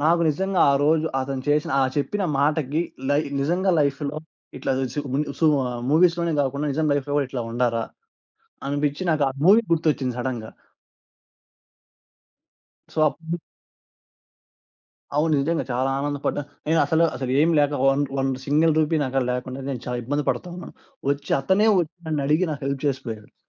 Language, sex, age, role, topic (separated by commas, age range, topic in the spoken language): Telugu, male, 20-24, guest, పక్కింటివారితో మీ సంబంధాలను ఎలా మరింత మెరుగుపరచుకుంటారు?